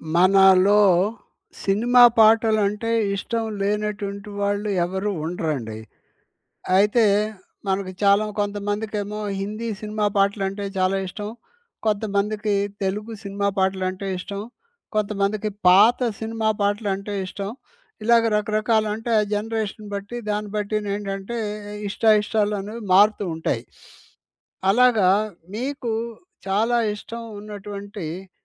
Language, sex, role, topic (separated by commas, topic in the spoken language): Telugu, male, host, నీకు మొదటిసారి నచ్చిన పాట ఏది?